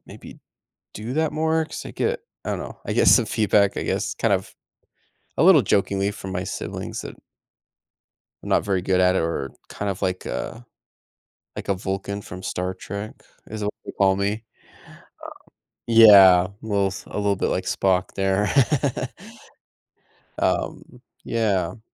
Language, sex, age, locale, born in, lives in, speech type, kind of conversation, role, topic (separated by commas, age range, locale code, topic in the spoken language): English, male, 30-34, en-US, United States, United States, conversation, advice, user, How can I start sharing my feelings with family and friends?